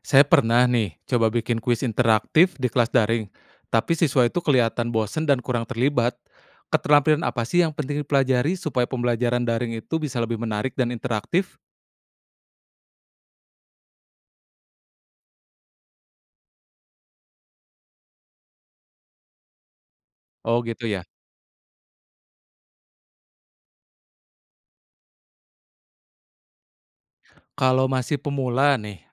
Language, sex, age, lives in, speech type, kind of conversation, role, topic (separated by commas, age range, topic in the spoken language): Indonesian, male, 30-34, Indonesia, read, expert-assistant, asker, Apa saja keterampilan penting yang perlu dipelajari untuk membuat pembelajaran daring lebih menarik?